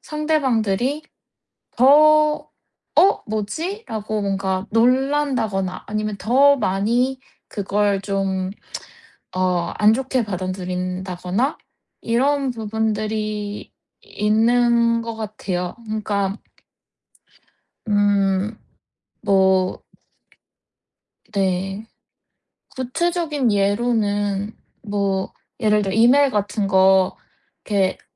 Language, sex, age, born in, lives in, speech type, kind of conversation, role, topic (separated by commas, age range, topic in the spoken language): Korean, female, 30-34, South Korea, United States, conversation, advice, user, 일과 삶의 균형을 유지하면서 장기 경력 계획은 어떻게 세워야 하나요?